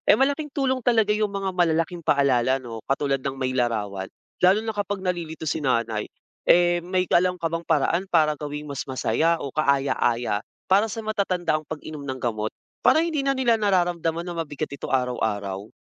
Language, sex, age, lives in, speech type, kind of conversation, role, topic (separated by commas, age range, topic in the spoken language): Filipino, male, 25-29, Philippines, read, expert-assistant, asker, Paano matutulungan ang matatanda na hindi makalimot uminom ng gamot?